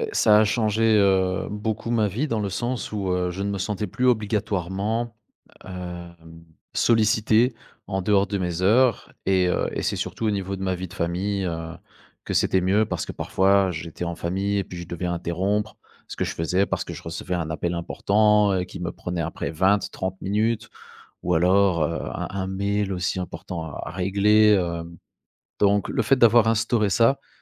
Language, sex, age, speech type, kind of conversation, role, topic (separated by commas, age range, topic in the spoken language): French, male, 35-39, conversation, podcast, guest, Comment tu gères le temps passé en ligne pour préserver tes relations ?